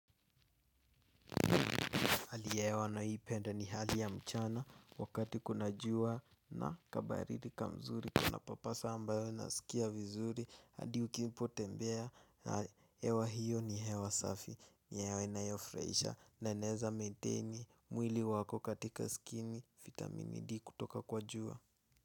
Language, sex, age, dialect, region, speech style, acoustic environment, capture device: Swahili, male, 18-24, Kenya - Nairobi Sheng-influenced, Kenya, nervous; excited; focused; relaxed, nature; silence, mobile / Linux / Chrome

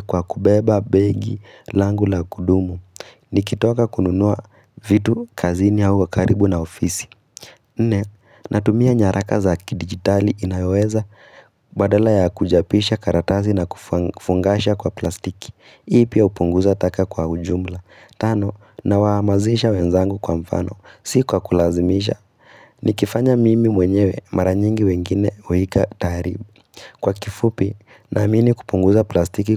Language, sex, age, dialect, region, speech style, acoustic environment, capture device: Swahili, female, 18-24, Kenya - Nairobi Sheng-influenced, Kenya, happy; relaxed, silence, mobile / Linux / Chrome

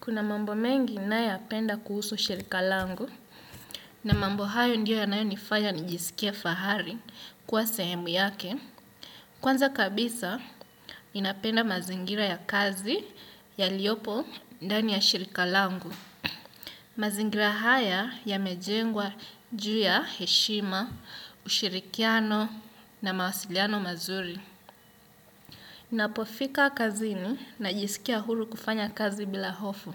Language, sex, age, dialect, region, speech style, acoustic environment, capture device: Swahili, male, 25-34, Kenya - Mombasa, Kenya, happy, nature, desktop / Windows / Chrome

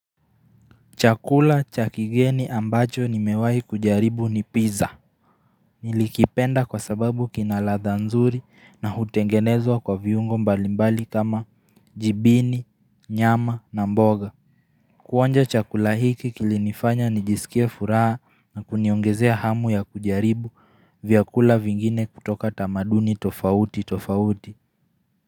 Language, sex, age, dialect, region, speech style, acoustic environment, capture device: Swahili, male, 18-24, Kenya - Nairobi Sheng-influenced, Kenya, focused; relaxed, people talking; nature; silence; traffic; appliances, mobile / Linux / Chrome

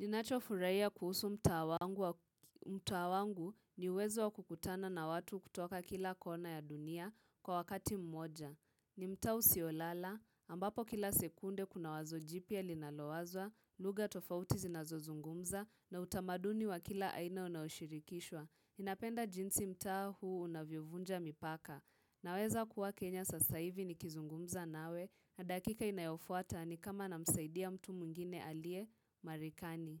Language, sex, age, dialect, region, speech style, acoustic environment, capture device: Swahili, female, 18-24, Kenya - Nairobi Sheng-influenced, Kenya, focused, silence, mobile / Linux / Chrome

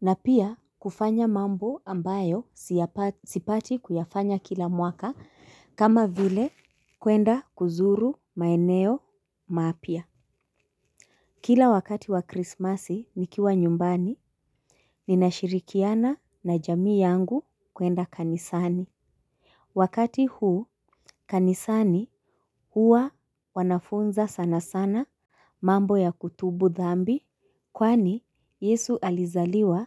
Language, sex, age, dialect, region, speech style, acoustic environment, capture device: Swahili, female, 25-34, Kenya - Mombasa, Kenya, relaxed, construction; nature, mobile / Linux / Chrome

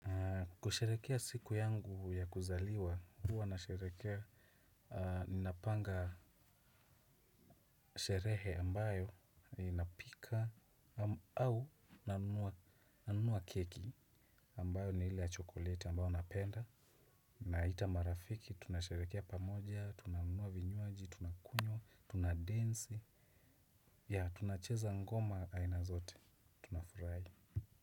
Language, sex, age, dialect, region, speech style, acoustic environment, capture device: Swahili, male, 25-34, Kenya - Nairobi Sheng-influenced, Kenya, relaxed; happy, nature; silence, desktop / Windows / Chrome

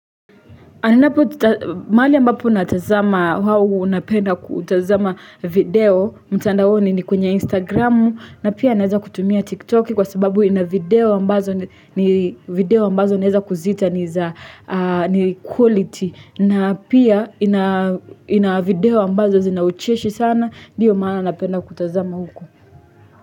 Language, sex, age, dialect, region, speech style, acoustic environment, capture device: Swahili, female, 18-24, Kenya - Nairobi Sheng-influenced, Kenya, happy, nature; music, desktop / Linux / Chrome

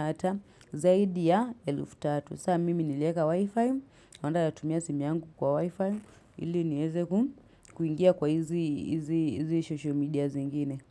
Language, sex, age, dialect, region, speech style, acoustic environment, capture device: Swahili, female, 25-34, Kenya - Nairobi Sheng-influenced, Kenya, relaxed, silence, mobile / Linux / Chrome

